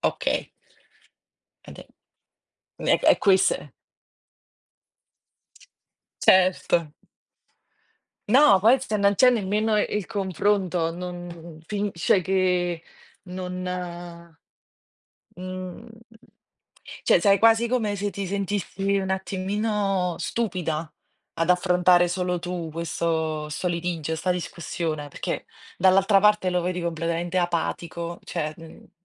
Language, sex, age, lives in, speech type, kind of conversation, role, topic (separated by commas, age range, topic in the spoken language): Italian, female, 30-34, Italy, conversation, unstructured, none, Come gestisci i litigi in una relazione?